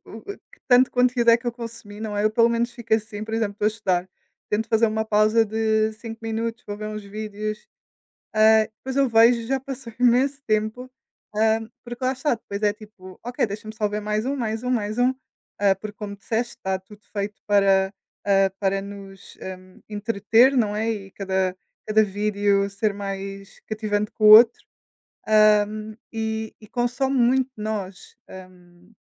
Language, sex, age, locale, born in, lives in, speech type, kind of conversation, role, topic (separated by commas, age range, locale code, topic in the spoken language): Portuguese, female, 20-24, pt-PT, Portugal, Portugal, conversation, unstructured, none, Você acha que a tecnologia pode controlar nossas vidas no futuro?